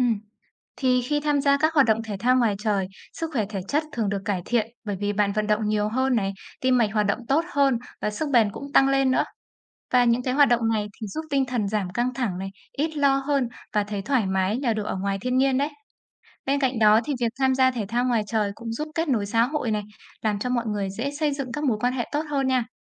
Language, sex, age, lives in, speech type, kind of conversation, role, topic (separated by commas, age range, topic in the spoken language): Vietnamese, female, 25-29, Vietnam, read, expert-assistant, expert, Ảnh hưởng của việc tham gia các hoạt động thể thao ngoài trời